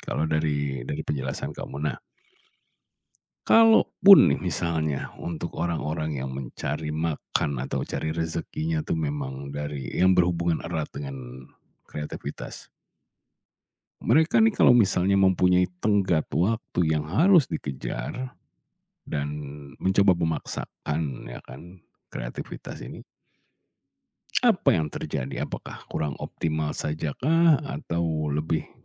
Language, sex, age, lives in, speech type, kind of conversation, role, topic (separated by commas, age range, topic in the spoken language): Indonesian, male, 40-44, Indonesia, conversation, podcast, host, Bagaimana cara kamu mengatasi blok kreatif sendirian?